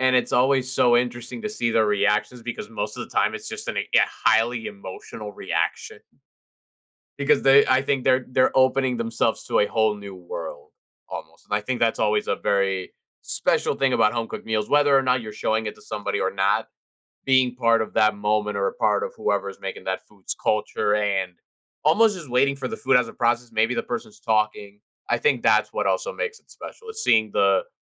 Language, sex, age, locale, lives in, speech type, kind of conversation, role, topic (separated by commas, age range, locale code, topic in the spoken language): English, male, 20-24, en-US, United States, conversation, podcast, host, What makes a home-cooked meal feel special to you?